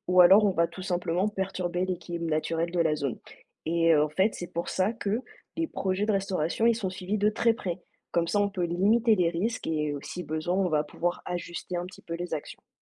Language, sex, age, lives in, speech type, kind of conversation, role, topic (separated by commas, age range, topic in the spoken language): French, female, 30-34, France, read, expert-assistant, expert, Comment reconnaître une info fiable sur les océans quand on lit un article ou regarde une vidéo ?